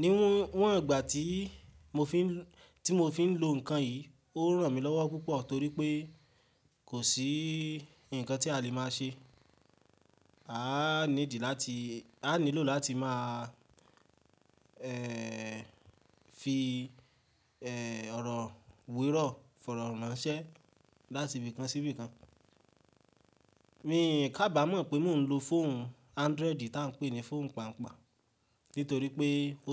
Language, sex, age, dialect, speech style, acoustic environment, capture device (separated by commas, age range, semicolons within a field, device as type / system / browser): Yoruba, male, 18-24, Nigeria - Ibadan, happy; focused, nature; silence, mobile / Linux / Chrome